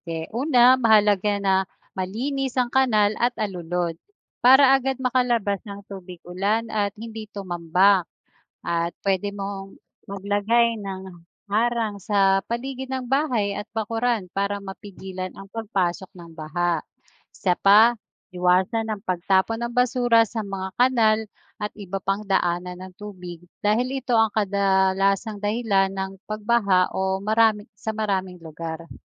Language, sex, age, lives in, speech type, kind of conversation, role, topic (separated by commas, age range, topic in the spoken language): Filipino, female, 40-44, Philippines, read, expert-assistant, expert, Ano ang proseso ng pagbuo ng ulap?